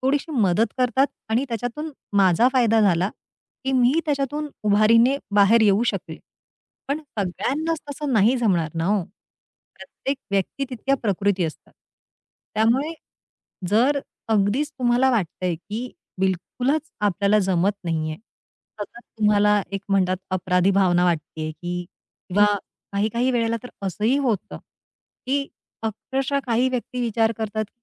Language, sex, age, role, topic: Marathi, female, 40-44, guest, शारीरिक भूक आणि भावनिक भूक यातील फरक तुम्ही कसा ओळखता?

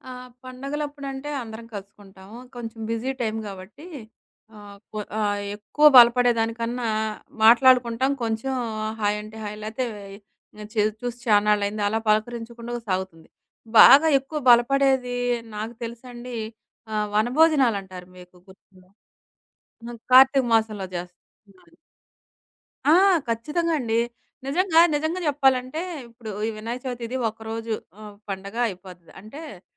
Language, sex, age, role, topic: Telugu, female, 40-44, guest, పండగలు సమాజ బలానికి ఎలా దోహదపడతాయి?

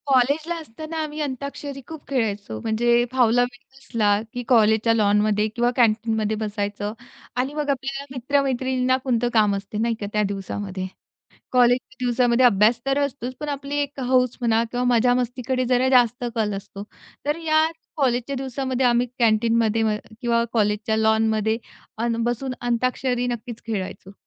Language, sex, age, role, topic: Marathi, female, 35-39, guest, लहानपणी ऐकलेलं गाणं आजही आपल्याला का आठवत राहतं?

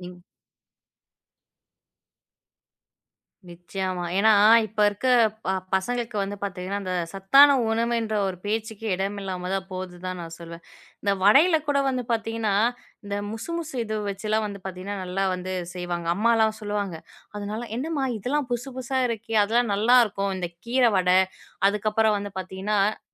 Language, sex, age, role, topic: Tamil, female, 20-24, host, ஒரு சாதாரண நாளில் உங்கள் தினசரி உணவுத் திட்டம் எப்படி இருக்கும்?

